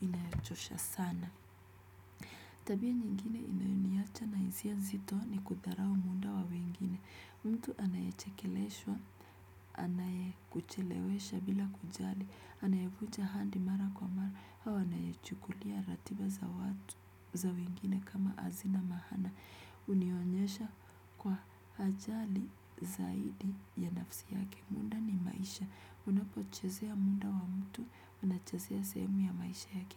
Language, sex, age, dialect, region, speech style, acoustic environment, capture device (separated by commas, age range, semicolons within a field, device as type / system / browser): Swahili, female, 25-34, Kenya - Nairobi Sheng-influenced, Kenya, happy; relaxed; focused, nature; music, desktop / Windows / Chrome